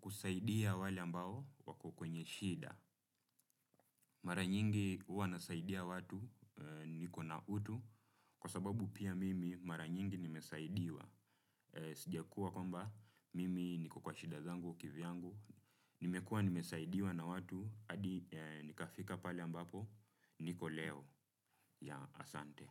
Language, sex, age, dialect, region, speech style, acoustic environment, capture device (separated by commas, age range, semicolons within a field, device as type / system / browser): Swahili, male, 25-34, Kenya - Nairobi Sheng-influenced, Kenya, relaxed, nature; silence, desktop / Windows / Chrome